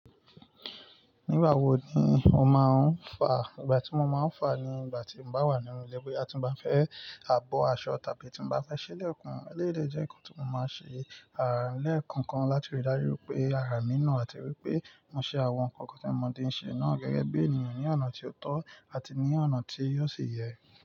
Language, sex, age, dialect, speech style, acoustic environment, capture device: Yoruba, male, 25-34, Nigeria - Nigerian Standard, focused, nature, mobile / Linux / Chrome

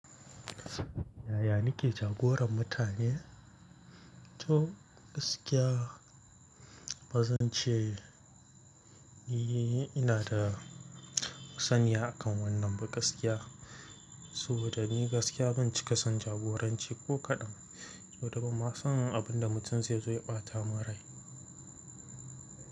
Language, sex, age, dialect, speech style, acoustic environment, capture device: Hausa, male, 18-24, Nigeria - Kano, nervous, nature; silence, mobile / Linux / Chrome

